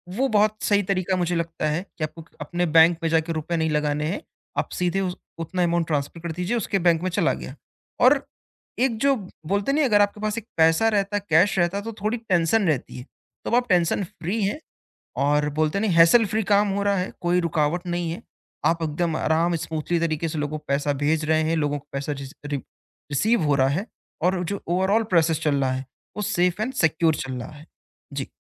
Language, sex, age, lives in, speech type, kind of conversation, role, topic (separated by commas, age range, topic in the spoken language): Hindi, male, 20-24, India, conversation, podcast, guest, Batao, digital payments tumhari zindagi ko kaise aasan banate hain?